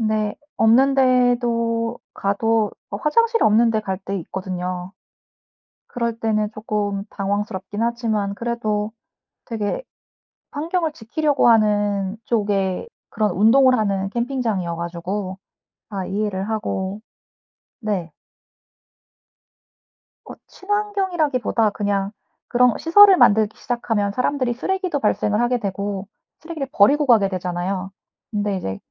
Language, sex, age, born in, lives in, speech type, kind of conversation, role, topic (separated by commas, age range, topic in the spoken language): Korean, female, 40-44, United States, United States, conversation, unstructured, none, 바다와 산 중 어디에서 더 휴식을 취하고 싶으신가요?